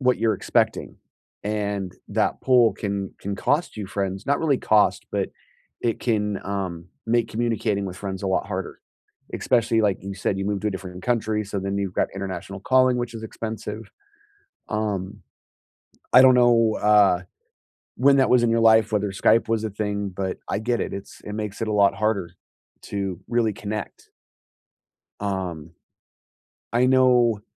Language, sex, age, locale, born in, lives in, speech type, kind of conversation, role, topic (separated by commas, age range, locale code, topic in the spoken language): English, male, 40-44, en-US, United States, United States, conversation, unstructured, none, What helps you stay truly connected with friends through moves, milestones, and changing priorities?